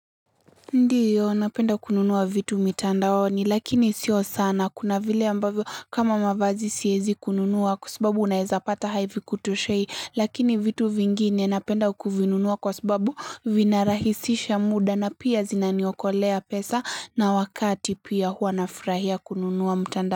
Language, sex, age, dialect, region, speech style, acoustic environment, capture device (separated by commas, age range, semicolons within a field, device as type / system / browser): Swahili, female, 18-24, Kenya - Mombasa, Kenya, happy, silence, mobile / Linux / Chrome